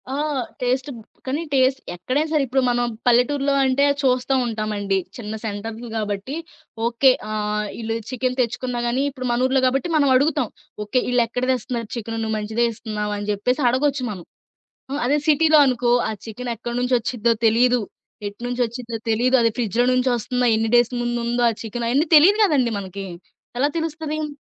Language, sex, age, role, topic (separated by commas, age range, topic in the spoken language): Telugu, female, 20-24, guest, సామూహిక వంటలు, పంచుకోవడంలో వచ్చే ఆనందంపై మీ అభిప్రాయం ఏమిటి?